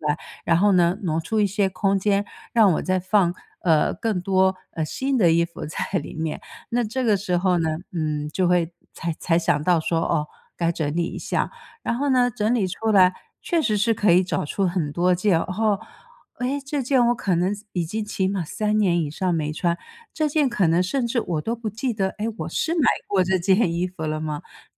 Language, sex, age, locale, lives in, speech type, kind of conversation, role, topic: Chinese, female, 55-59, zh-TW, United States, conversation, podcast, guest, 当家里的东西堆得太多时，你通常会怎么整理和处理？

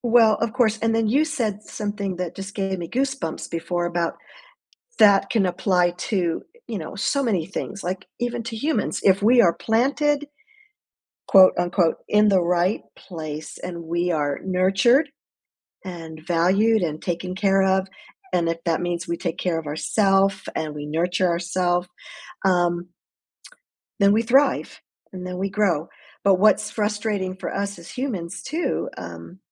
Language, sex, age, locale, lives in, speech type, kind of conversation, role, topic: English, female, 65-69, en-US, United States, conversation, unstructured, none, Why do you think having hobbies is important for your well-being?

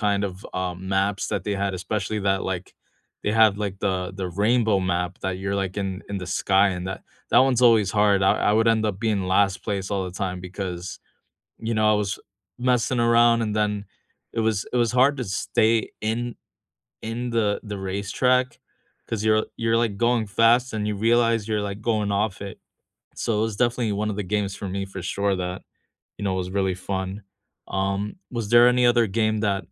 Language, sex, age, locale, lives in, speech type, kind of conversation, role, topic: English, male, 35-39, en-US, United States, conversation, unstructured, none, Which video games have sparked great friendships or memorable co-op moments for you?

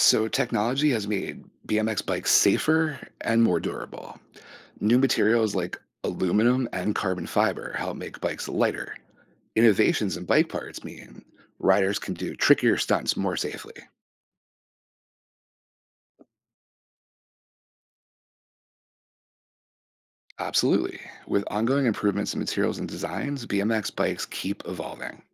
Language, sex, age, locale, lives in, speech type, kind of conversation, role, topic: English, male, 40-44, en-US, United States, read, expert-assistant, expert, The history of BMX biking and its evolution.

